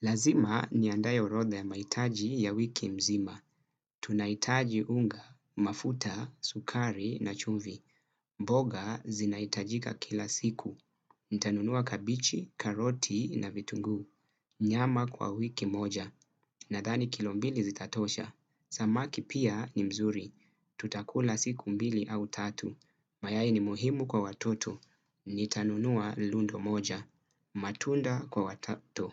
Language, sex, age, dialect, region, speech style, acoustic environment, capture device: Swahili, male, 25-34, Kenya - Mombasa, Kenya, focused, silence, desktop / Windows / Chrome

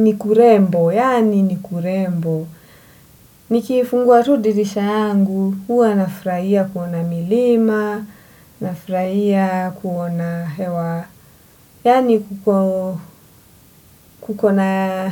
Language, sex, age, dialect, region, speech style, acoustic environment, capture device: Swahili, female, 25-34, Kenya - Mombasa, Kenya, relaxed, silence, desktop / Windows / Edge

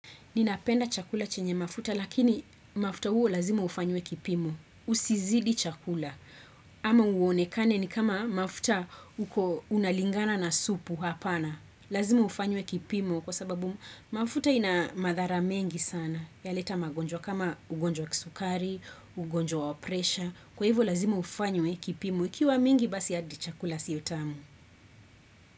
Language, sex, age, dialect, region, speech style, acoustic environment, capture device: Swahili, female, 25-34, Kenya - Nairobi Sheng-influenced, Kenya, happy, silence, desktop / Windows / Chrome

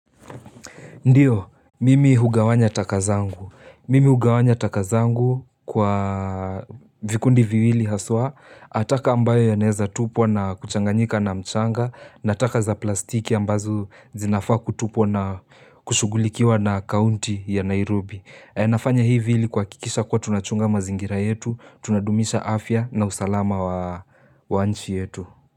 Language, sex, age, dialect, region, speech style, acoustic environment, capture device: Swahili, male, 18-24, Kenya - Nairobi Sheng-influenced, Kenya, relaxed, silence, mobile / Linux / Chrome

